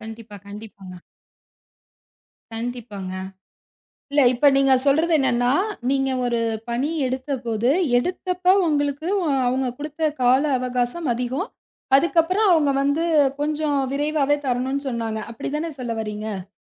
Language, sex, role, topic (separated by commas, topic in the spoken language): Tamil, female, host, அழுத்தம் அல்லது பதட்டம் ஏற்பட்டால் கவனத்தை மீண்டும் எப்படிச் சீராக்குகிறீர்கள்?